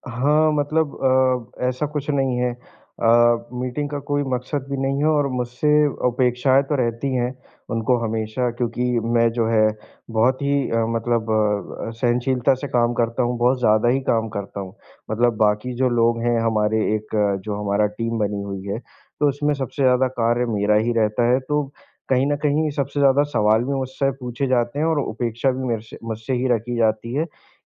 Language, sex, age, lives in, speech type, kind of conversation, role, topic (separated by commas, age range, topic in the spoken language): Hindi, male, 25-29, India, conversation, advice, user, ऑफिस की बार-बार होने वाली मीटिंगों से आपका गहरा काम कैसे बाधित हो रहा है?